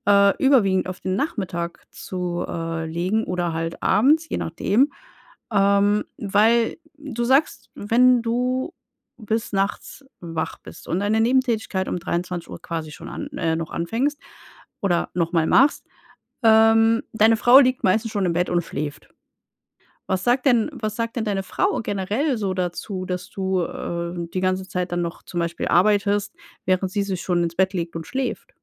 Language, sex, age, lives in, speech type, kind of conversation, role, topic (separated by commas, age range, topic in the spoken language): German, female, 35-39, Germany, conversation, advice, advisor, Wie kann ich jeden Tag zur gleichen Zeit schlafen gehen?